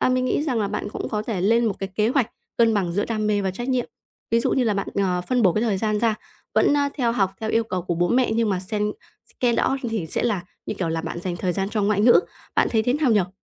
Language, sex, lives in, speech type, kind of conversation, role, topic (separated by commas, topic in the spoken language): Vietnamese, female, Vietnam, conversation, advice, advisor, Làm thế nào để học theo đam mê của mình thay vì chạy theo kỳ vọng của người khác?